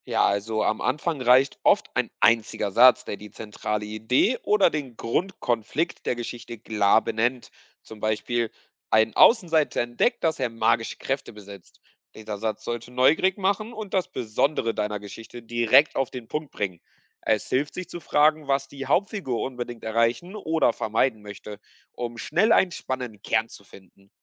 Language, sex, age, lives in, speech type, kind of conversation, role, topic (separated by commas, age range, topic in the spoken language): German, male, 20-24, Portugal, read, expert-assistant, expert, Welche Bücher oder Webseiten sind am hilfreichsten, um das eigene Schreiben kreativ zu entwickeln?